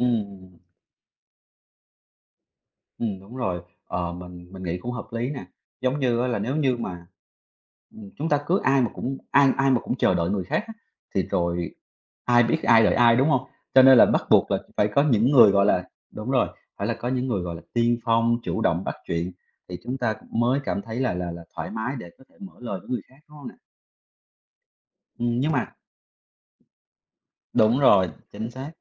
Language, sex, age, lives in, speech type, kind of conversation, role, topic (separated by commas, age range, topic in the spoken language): Vietnamese, male, 30-34, Vietnam, conversation, podcast, host, Bạn thường bắt chuyện với người lạ bằng cách nào?